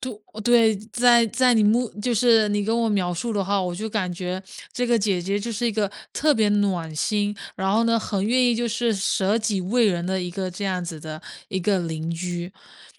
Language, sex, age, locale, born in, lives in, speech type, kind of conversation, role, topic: Chinese, female, 25-29, zh-CN, China, United States, conversation, podcast, host, 你遇到过哪些让你觉得很暖心的邻里小事？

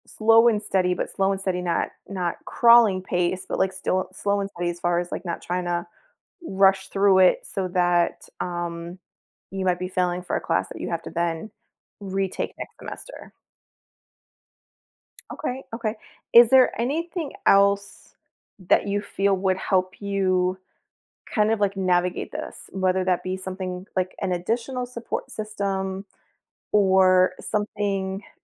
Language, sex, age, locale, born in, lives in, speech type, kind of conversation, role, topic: English, female, 40-44, en-US, United States, United States, conversation, advice, advisor, How do I build a stable routine while starting a new job?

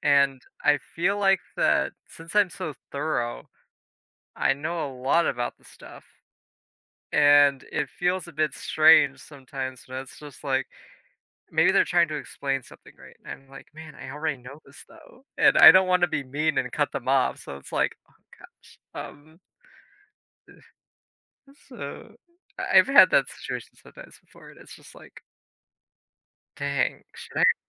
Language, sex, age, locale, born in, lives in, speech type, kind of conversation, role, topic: English, male, 20-24, en-US, United States, United States, conversation, advice, user, How can I start conversations confidently?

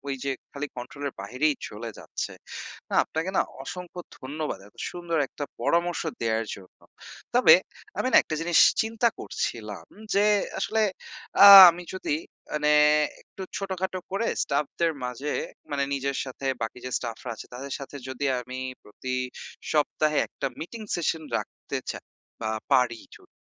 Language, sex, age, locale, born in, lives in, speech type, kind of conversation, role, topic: Bengali, male, 25-29, bn-BD, Bangladesh, Bangladesh, conversation, advice, user, আমি কীভাবে আমার ব্যবসার বাজেট ও খরচ নিয়ন্ত্রণ আরও ভালোভাবে করতে পারি?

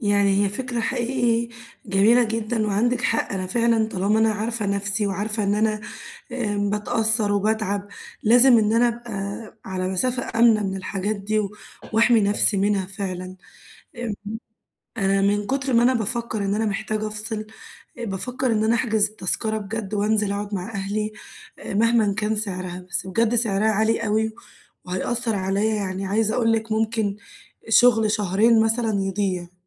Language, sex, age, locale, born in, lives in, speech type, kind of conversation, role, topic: Arabic, female, 20-24, ar-EG, Egypt, Greece, conversation, advice, user, إزاي أقلّل تأثير الأخبار والأحداث العالمية على حياتي اليومية؟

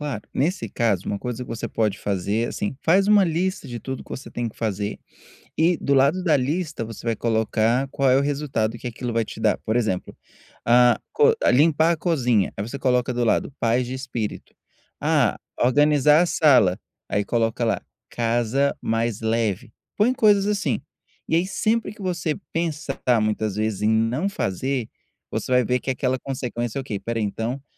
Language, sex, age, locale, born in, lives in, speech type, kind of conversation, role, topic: Portuguese, male, 30-34, pt-BR, Brazil, United States, conversation, advice, advisor, Como posso pensar nas consequências antes de agir?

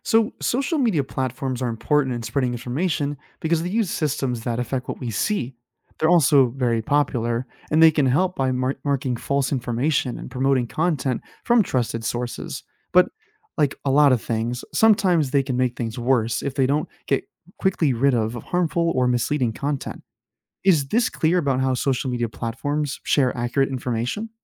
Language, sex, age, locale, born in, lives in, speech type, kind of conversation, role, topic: English, male, 25-29, en-US, United States, United States, read, expert-assistant, expert, How do historical events shape modern societies?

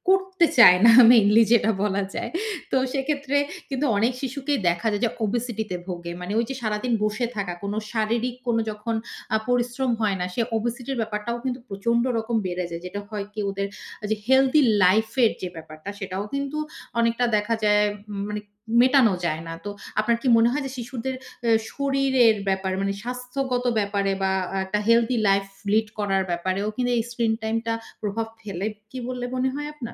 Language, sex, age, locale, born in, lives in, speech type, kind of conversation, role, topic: Bengali, female, 35-39, bn-BD, Bangladesh, Finland, conversation, podcast, host, শিশুদের পর্দায় সময় কাটানো নিয়ে আপনার দৃষ্টিভঙ্গি কী?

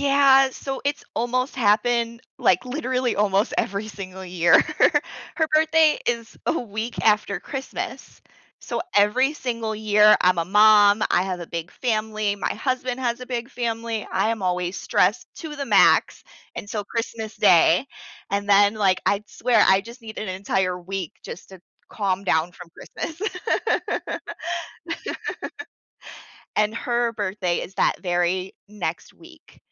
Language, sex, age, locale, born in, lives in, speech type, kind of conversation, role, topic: English, female, 30-34, en-US, United States, United States, conversation, advice, user, How can I recover my confidence after forgetting something important in front of others?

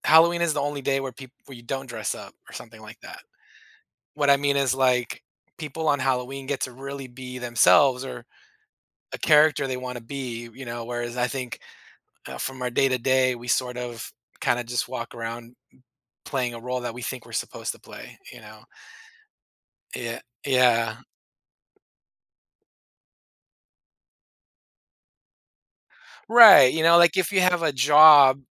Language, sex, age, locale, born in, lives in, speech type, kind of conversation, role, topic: English, male, 40-44, en-US, United States, United States, conversation, podcast, guest, What helps you feel most authentic in your daily life?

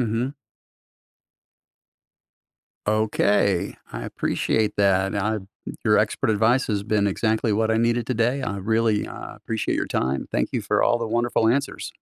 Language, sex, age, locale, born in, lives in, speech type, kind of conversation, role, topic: English, male, 55-59, en-US, United States, United States, read, expert-assistant, asker, What is mitosis, and why is it important for growth?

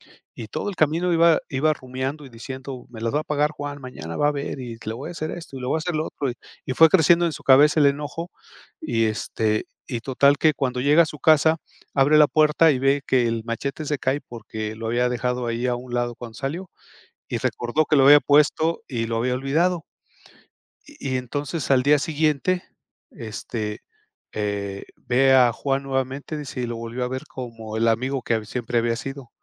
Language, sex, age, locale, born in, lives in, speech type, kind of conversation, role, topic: Spanish, male, 55-59, es-MX, Mexico, Mexico, conversation, podcast, guest, ¿Cuál es tu forma de disculparte sinceramente?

